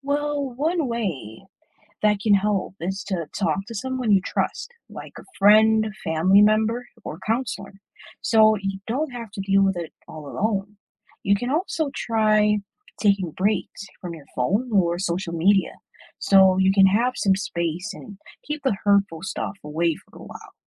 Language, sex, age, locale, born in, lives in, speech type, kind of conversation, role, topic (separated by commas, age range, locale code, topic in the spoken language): English, male, 25-29, en-US, Jamaica, United States, read, expert-assistant, expert, What are the main dangers of cyberbullying?